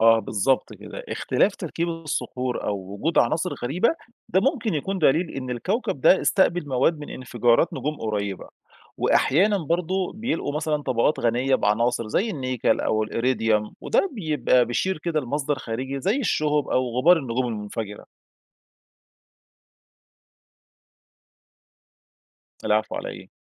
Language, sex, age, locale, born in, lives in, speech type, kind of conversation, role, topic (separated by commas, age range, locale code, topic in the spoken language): Arabic, male, 35-39, ar-EG, Egypt, Egypt, read, expert-assistant, expert, مين أكتر تأثير على المجرة: النجوم اللي بتعيش فترة طويلة ولا النجوم اللي عمرها قصير بس بتنفجر بقوة؟